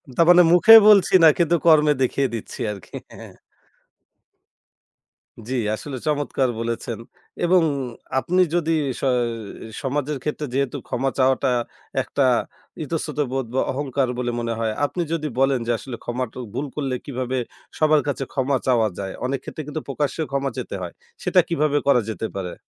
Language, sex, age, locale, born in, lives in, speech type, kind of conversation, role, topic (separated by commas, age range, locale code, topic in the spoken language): Bengali, male, 25-29, bn-BD, Bangladesh, Bangladesh, conversation, podcast, host, তুমি কবে ক্ষমা চাইতে রাজি হও?